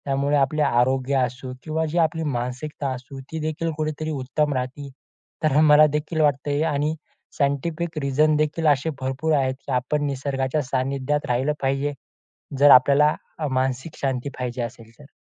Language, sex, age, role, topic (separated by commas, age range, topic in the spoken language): Marathi, male, 20-24, guest, निसर्गात चालताना तुम्हाला मन शांत झाल्यासारखे का वाटते आणि तो अनुभव तुम्ही कसा वर्णाल?